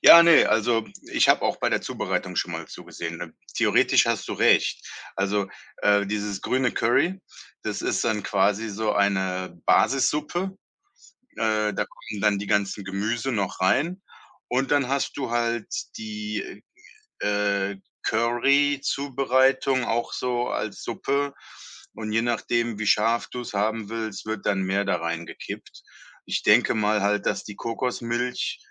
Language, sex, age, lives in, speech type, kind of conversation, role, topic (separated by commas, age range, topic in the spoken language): German, male, 35-39, Germany, conversation, podcast, guest, Welches Gericht hat deinen Geschmack nachhaltig verändert?